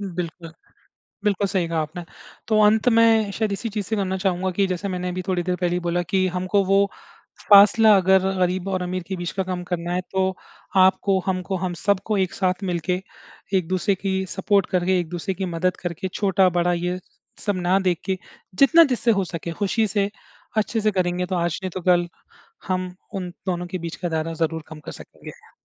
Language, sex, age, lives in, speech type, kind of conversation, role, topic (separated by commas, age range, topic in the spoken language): Hindi, male, 30-34, India, conversation, unstructured, none, क्या आपके हिसाब से समाज में गरीब और अमीर के बीच की दूरी कम हो सकती है?